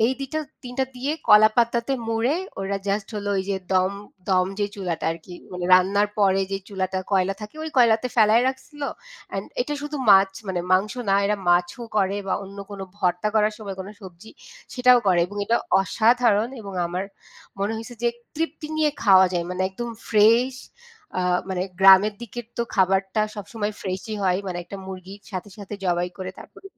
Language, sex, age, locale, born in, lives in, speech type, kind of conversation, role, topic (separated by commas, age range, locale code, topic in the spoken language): Bengali, female, 25-29, bn-BD, Bangladesh, Bangladesh, conversation, unstructured, none, ভ্রমণে আপনি কোন ধরনের খাবার সবচেয়ে বেশি পছন্দ করেন?